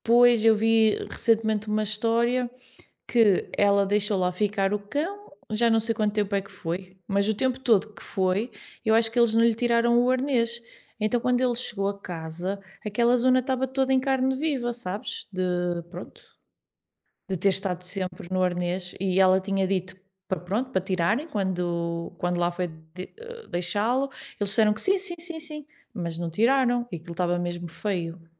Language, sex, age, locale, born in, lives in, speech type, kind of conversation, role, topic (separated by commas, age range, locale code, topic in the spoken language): Portuguese, female, 30-34, pt-PT, Portugal, Portugal, conversation, unstructured, none, Quais são os benefícios de adotar um animal de estimação em vez de comprá-lo?